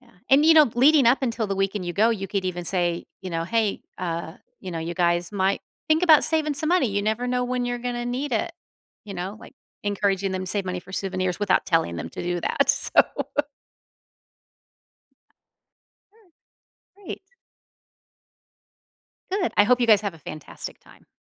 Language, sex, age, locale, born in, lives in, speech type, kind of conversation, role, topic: English, female, 50-54, en-US, United States, United States, conversation, advice, advisor, How can I preserve and relive the memories from a favorite vacation?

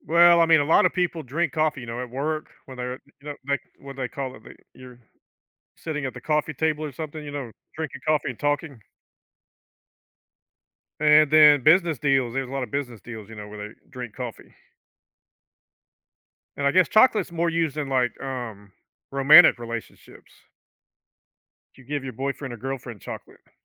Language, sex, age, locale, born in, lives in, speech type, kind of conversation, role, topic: English, male, 50-54, en-US, United States, United States, conversation, unstructured, none, Would you rather live in a world without chocolate or in a world without coffee?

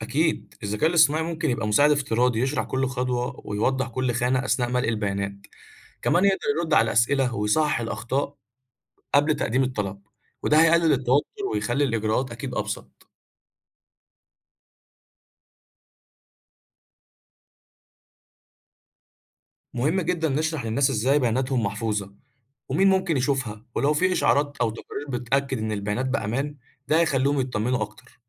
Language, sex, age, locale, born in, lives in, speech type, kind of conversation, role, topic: Arabic, male, 20-24, ar-EG, Egypt, Egypt, read, expert-assistant, expert, تفتكر إزاي ممكن نستخدم التكنولوجيا بطريقة مبتكرة لتحسين تجربة المواطنين في التعامل مع المصالح الحكومية؟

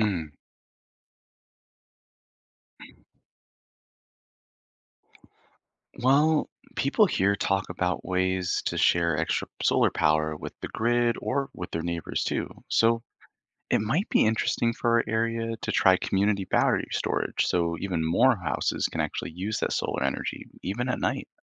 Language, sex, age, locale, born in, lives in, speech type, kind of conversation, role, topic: English, male, 25-29, en-US, Canada, United States, read, expert-assistant, asker, What is the difference between renewable and nonrenewable energy?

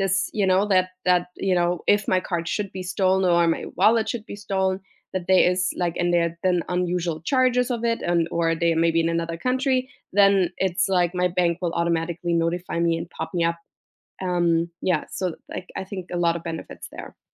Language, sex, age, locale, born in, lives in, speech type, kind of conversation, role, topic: English, female, 35-39, en-DE, Germany, United States, conversation, unstructured, none, How can you persuade someone to try online banking?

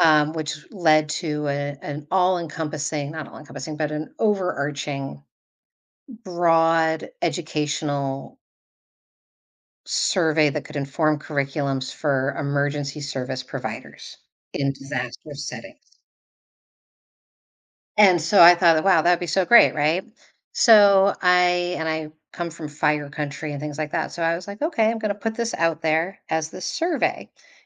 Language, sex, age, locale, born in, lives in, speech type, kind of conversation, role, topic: English, female, 45-49, en-US, United States, United States, conversation, podcast, guest, Why do certain foods bring us comfort and evoke strong memories?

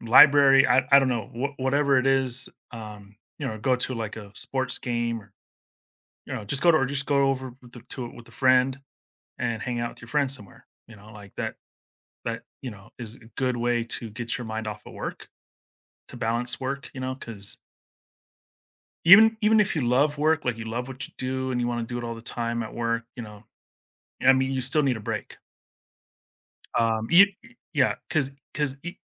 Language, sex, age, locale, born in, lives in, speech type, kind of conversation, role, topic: English, male, 40-44, en-US, United States, United States, conversation, podcast, guest, What helps you maintain a healthy balance between your job and your personal life?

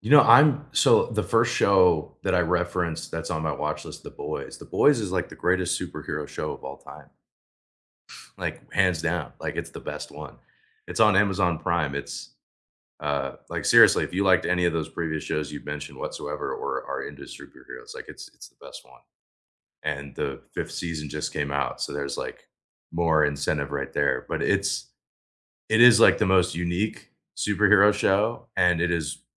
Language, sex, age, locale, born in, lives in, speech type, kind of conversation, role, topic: English, male, 25-29, en-US, United States, United States, conversation, unstructured, none, What should you watch next?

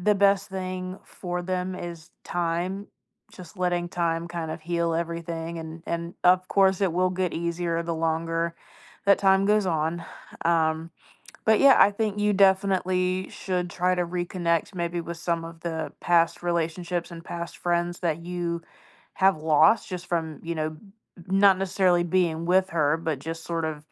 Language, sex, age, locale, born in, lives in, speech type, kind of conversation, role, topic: English, female, 20-24, en-US, United States, United States, conversation, advice, advisor, How can I regain a sense of direction and purpose after a breakup?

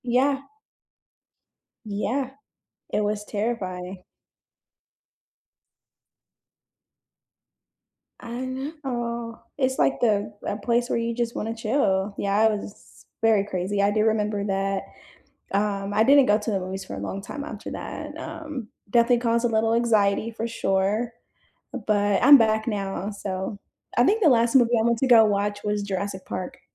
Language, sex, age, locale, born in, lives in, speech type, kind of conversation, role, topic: English, female, 30-34, en-US, United States, United States, conversation, unstructured, none, What snacks make movie night perfect for you, and what movie-theater hot takes spark friendly debates?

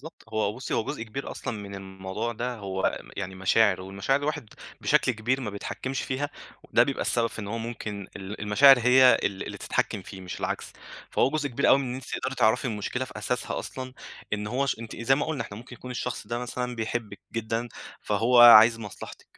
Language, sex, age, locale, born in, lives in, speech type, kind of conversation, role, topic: Arabic, male, 25-29, ar-EG, Egypt, Egypt, conversation, advice, advisor, إزاي أتعامل مع النقد بشكل بنّاء من غير ما أحس بالإحباط أو أبقى في موقف دفاعي؟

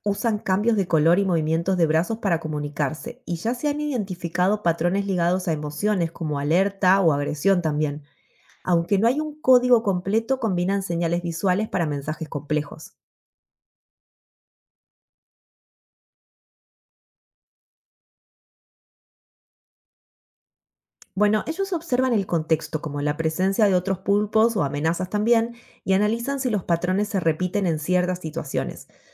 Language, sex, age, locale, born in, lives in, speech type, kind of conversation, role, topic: Spanish, female, 35-39, es-AR, Argentina, United States, read, expert-assistant, expert, ¿Cómo cambiaría el mundo si los humanos pudieran comunicarse con los delfines y otras especies marinas inteligentes?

